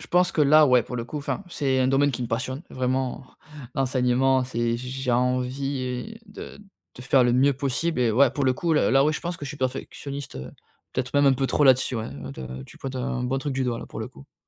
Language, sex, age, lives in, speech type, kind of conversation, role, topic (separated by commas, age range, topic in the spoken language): French, male, 30-34, France, conversation, advice, user, Comment faire face à une insomnie récente liée au stress au travail, avec des difficultés à s’endormir malgré la fatigue ?